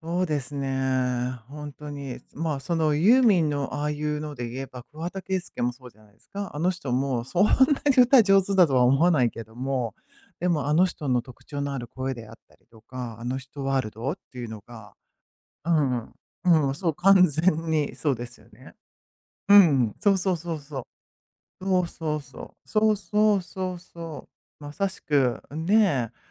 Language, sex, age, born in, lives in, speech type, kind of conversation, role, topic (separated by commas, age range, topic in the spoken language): Japanese, female, 55-59, Japan, United States, conversation, unstructured, none, ライブコンサートに行ったことはありますか？